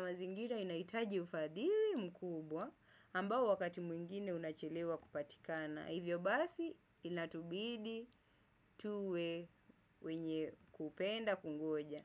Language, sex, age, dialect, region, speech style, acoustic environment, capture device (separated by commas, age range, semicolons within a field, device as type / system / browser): Swahili, male, 25-34, Kenya - Mombasa, Kenya, happy; focused; excited; relaxed, nature; silence, desktop / Windows / Chrome